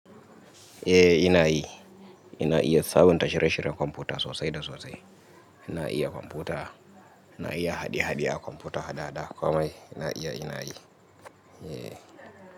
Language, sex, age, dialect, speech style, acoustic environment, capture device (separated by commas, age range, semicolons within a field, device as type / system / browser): Hausa, male, 25-34, Nigeria - Kano, happy, nature, mobile / Linux / Chrome